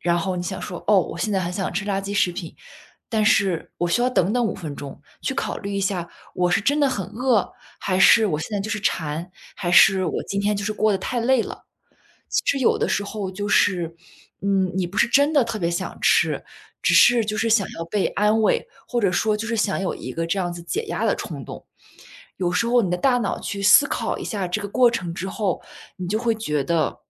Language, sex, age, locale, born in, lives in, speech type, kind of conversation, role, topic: Chinese, female, 25-29, zh-CN, China, United States, conversation, advice, advisor, 情绪低落时总想暴饮暴食，我该如何控制这种情绪性进食的冲动？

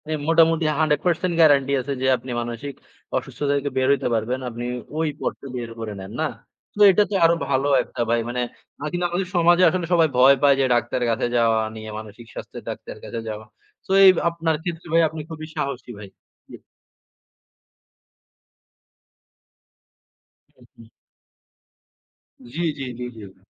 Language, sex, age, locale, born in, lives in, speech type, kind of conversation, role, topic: Bengali, male, 20-24, bn-BD, Bangladesh, Bangladesh, conversation, unstructured, none, কেন অনেকেই মানসিক স্বাস্থ্য নিয়ে কথা বলতে ভয় পান?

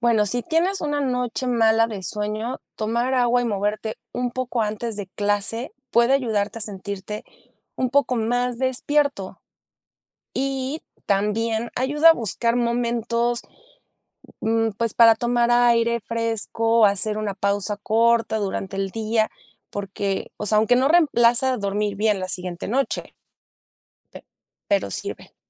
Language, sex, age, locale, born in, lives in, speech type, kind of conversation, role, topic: Spanish, female, 35-39, es-MX, Mexico, Mexico, read, expert-assistant, expert, Cómo influye el sueño en el rendimiento académico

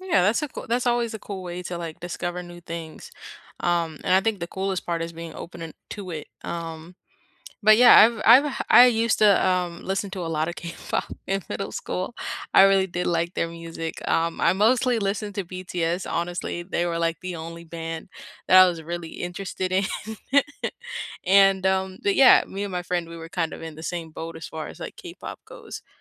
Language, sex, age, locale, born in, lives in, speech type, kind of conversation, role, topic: English, female, 18-19, en-US, United States, United States, conversation, unstructured, none, Which series or artist first sparked your obsession, and how did it shape your tastes?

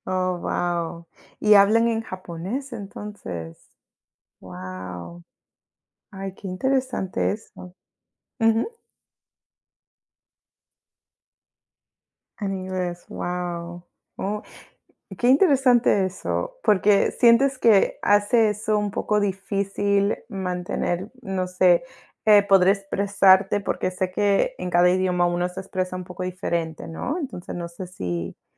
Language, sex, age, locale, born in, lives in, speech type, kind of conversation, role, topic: Spanish, female, 30-34, es-PE, United States, United States, conversation, unstructured, none, ¿Qué te motiva a seguir practicando un pasatiempo?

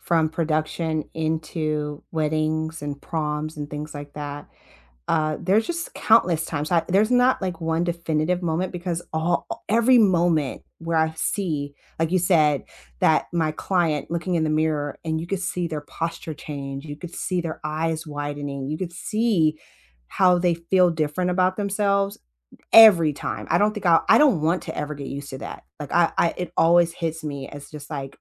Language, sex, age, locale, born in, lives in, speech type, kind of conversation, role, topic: English, female, 40-44, en-US, United States, United States, conversation, unstructured, none, What’s a moment at work that made you really proud?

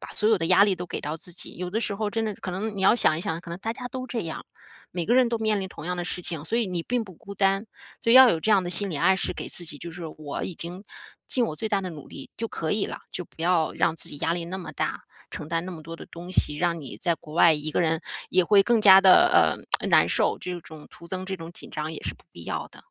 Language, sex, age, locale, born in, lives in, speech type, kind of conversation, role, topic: Chinese, female, 40-44, zh-CN, China, United States, conversation, advice, advisor, 我总因未知而焦虑紧张，该如何应对？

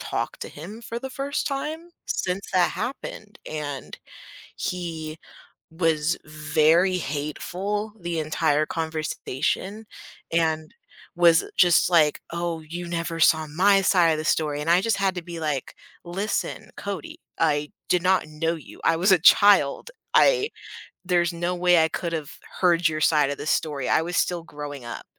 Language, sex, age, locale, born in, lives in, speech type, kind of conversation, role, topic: English, female, 18-19, en-US, United States, United States, conversation, podcast, guest, How do you approach resolving conflicts in different areas of your life?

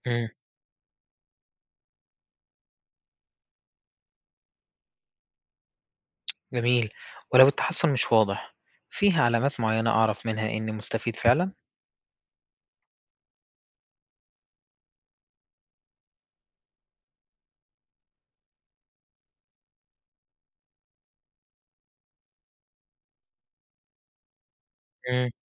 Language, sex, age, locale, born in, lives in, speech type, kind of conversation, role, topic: Arabic, male, 20-24, ar-EG, Egypt, Egypt, read, expert-assistant, asker, بيقولوا إن ممارسة التأمل أو اليوجا بتقلل مستويات الكورتيزول في الجسم وبتساعد على إدارة الضغط العصبي، هل الكلام دا مدعوم بأبحاث علمية فعلاً؟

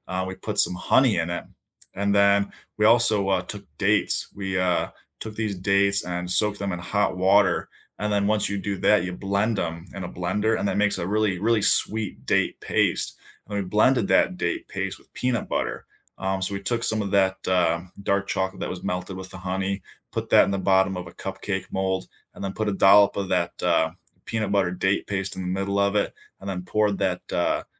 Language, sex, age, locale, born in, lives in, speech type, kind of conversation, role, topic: English, male, 25-29, en-US, United States, United States, conversation, unstructured, none, What was the best meal you had this month, and what made it memorable and meaningful for you?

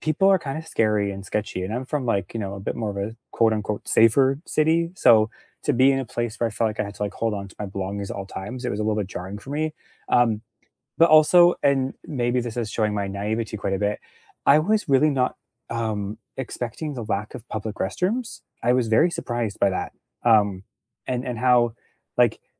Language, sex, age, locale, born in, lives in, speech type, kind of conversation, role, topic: English, male, 35-39, en-CA, Canada, Canada, conversation, unstructured, none, What do you enjoy most about traveling to new places?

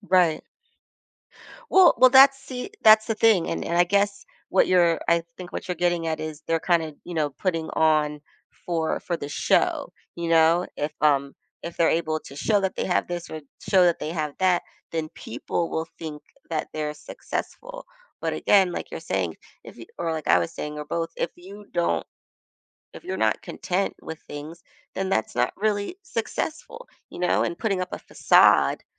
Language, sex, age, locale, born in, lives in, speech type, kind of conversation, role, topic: English, female, 40-44, en-US, United States, United States, conversation, podcast, guest, How do your values and experiences shape what success looks like in your life?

